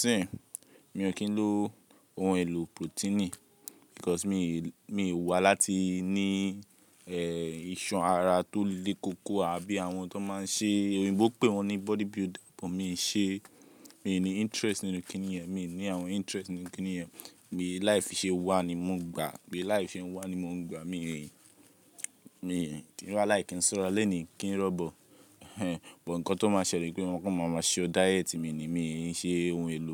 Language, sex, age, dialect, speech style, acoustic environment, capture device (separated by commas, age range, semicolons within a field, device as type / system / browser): Yoruba, male, 18-24, Nigeria - Lagos, relaxed, people talking; music; appliances; construction, mobile / Linux / Chrome